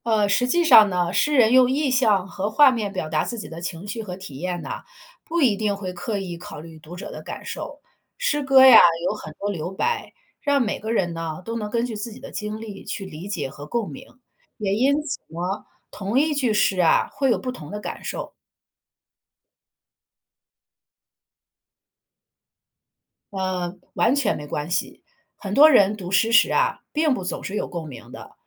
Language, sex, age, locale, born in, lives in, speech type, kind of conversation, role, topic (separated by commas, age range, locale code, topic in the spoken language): Chinese, female, 40-44, zh-CN, China, United States, read, expert-assistant, expert, 如果想开始读诗，有哪些实用的小技巧可以帮助我更容易进入诗歌的世界？